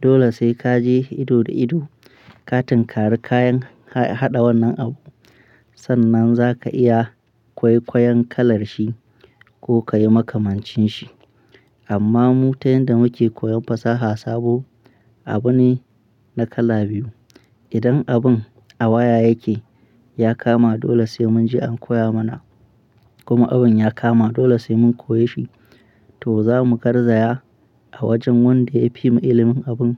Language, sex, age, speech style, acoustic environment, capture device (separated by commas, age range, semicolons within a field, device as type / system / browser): Hausa, male, 25-34, happy, people talking, mobile / Linux / Chrome